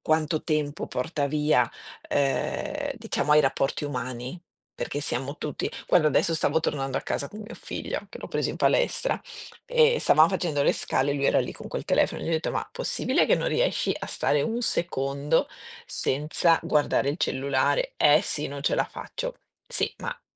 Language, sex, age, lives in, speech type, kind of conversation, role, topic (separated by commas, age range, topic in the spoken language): Italian, female, 50-54, Italy, conversation, unstructured, none, Come ha cambiato la tecnologia la tua vita quotidiana?